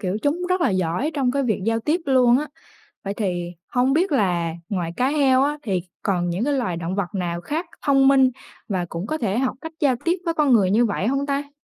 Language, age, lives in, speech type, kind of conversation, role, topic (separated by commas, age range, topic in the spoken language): Vietnamese, 20-24, Vietnam, read, expert-assistant, asker, Làm thế nào động vật giao tiếp với nhau?